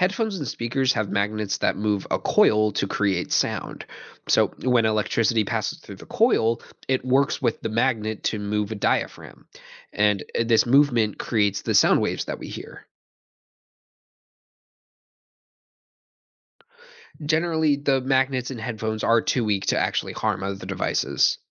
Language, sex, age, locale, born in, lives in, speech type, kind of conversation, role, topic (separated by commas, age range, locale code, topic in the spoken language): English, male, 20-24, en-US, United States, United States, read, expert-assistant, expert, How do magnetic fields affect electronic devices?